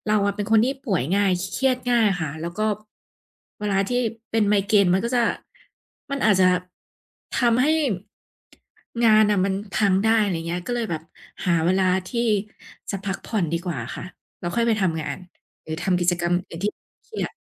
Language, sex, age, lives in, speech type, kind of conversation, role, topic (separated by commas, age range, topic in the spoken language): Thai, female, 30-34, Thailand, conversation, podcast, guest, คุณมีวิธีพักผ่อนยังไงให้รู้สึกเต็มพลังบ้าง?